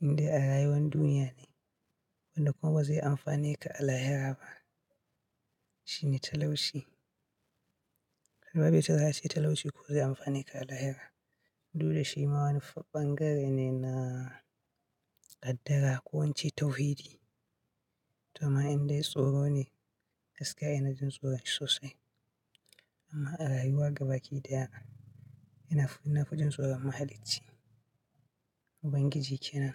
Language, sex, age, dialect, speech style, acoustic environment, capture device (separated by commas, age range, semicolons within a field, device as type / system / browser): Hausa, male, 25-34, Nigeria - Katsina, happy; anxious; nervous; excited; focused; tired; relaxed; stressed, people talking; music; nature; silence; traffic; construction; appliances, mobile / Linux / Chrome